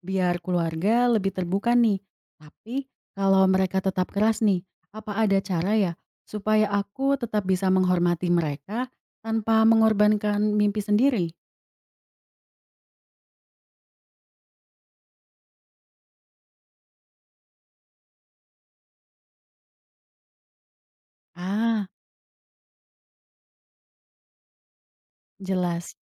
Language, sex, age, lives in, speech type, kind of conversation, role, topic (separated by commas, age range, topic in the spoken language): Indonesian, female, 20-24, Indonesia, read, expert-assistant, asker, Bagaimana Cara Mengejar Impian di Luar Negeri Tanpa Mengabaikan Keluarga dan Tradisi?